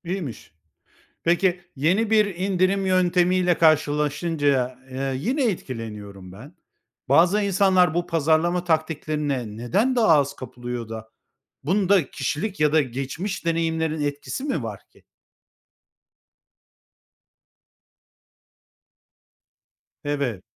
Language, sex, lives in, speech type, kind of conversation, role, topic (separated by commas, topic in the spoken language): Turkish, male, Spain, read, expert-assistant, asker, Tüketici indirimlerine neden kolayca kapılırız?